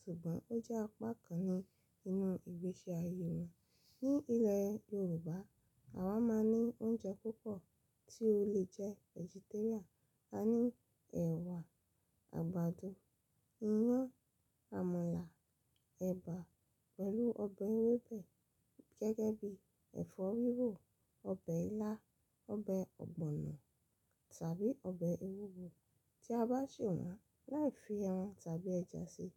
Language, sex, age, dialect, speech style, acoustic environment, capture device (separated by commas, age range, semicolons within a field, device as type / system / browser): Yoruba, female, 25-34, Nigeria - Lagos Yoruba, happy, silence, mobile / Linux / Chrome